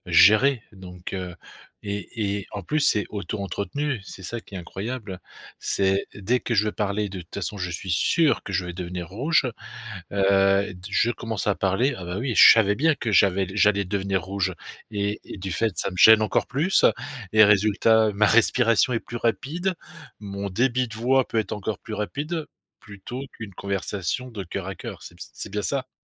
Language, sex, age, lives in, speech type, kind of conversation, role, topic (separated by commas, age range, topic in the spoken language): French, male, 50-54, France, conversation, advice, advisor, Comment décririez-vous votre peur du jugement dans les situations sociales ?